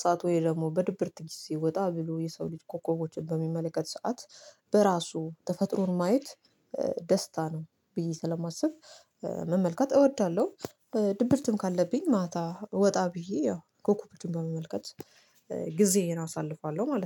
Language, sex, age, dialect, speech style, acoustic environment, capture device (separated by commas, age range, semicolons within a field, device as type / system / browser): Amharic, female, 25-34, Ethiopia - Addis Ababa, focused; relaxed, nature, mobile / Linux / Chrome